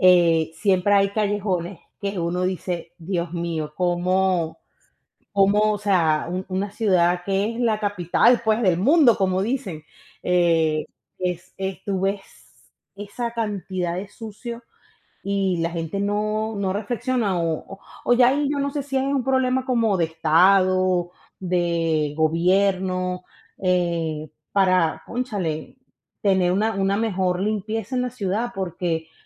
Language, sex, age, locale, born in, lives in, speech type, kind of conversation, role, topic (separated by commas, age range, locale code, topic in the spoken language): Spanish, female, 35-39, es-VE, Venezuela, United States, conversation, unstructured, none, ¿Deberían las personas limpiar después de usar un espacio público?